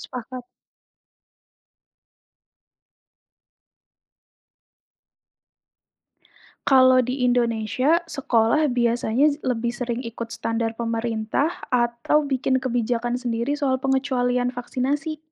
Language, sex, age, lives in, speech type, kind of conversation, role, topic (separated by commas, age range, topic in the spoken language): Indonesian, female, 30-34, Indonesia, read, expert-assistant, asker, Bagaimana perasaanmu tentang aturan wajib vaksinasi? Apakah itu adil untuk semua orang?